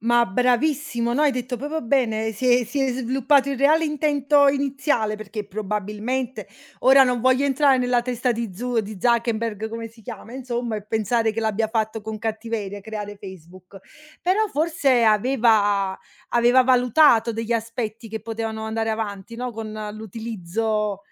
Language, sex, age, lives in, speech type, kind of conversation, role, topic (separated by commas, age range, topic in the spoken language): Italian, female, 30-34, Italy, conversation, unstructured, none, Perché pensi che tante persone si sentano sole nonostante la tecnologia?